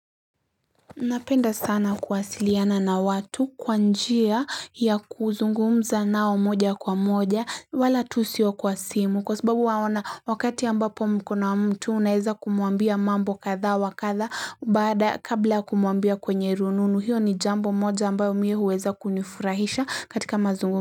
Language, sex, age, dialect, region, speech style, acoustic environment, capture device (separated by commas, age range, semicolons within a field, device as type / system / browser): Swahili, female, 18-24, Kenya - Mombasa, Kenya, happy, silence, mobile / Linux / Chrome